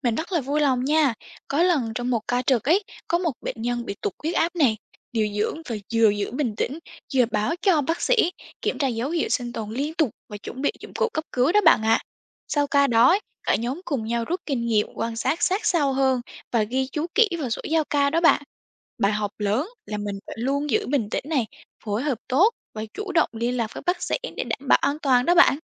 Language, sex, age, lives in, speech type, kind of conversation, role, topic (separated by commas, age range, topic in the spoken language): Vietnamese, female, 18-19, Vietnam, read, expert-assistant, expert, Khi chăm sóc nhiều bệnh nhân cùng lúc, điều dưỡng có mẹo nào để không bỏ sót nhiệm vụ quan trọng không?